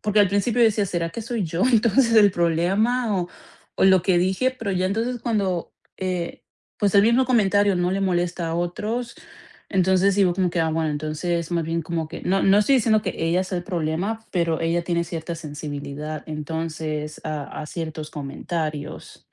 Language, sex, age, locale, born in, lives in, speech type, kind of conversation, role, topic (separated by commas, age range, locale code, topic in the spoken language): Spanish, female, 25-29, es-CO, Colombia, United States, conversation, advice, user, ¿Cómo puedo dar retroalimentación sin que la otra persona se ponga a la defensiva?